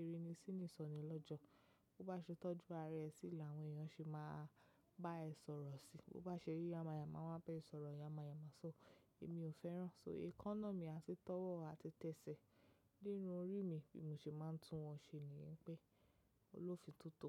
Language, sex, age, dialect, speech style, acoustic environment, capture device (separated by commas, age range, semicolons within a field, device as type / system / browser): Yoruba, female, 25-34, Nigeria - Lagos Yoruba, relaxed, silence; nature, mobile / macOS / Safari